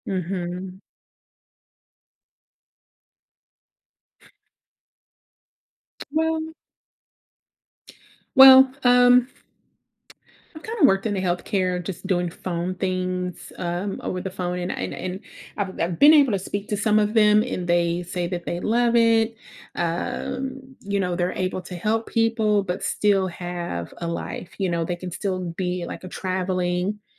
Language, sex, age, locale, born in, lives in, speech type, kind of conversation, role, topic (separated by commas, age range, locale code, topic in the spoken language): English, female, 30-34, en-US, United States, United States, conversation, advice, user, How can I manage overlapping work deadlines without burning out?